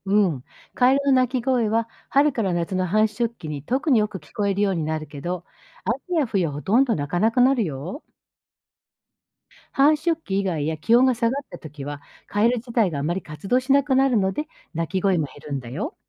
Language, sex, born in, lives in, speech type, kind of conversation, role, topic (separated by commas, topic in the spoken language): Japanese, female, Japan, Japan, read, expert-assistant, expert, どうしてカエルは鳴くのでしょうか？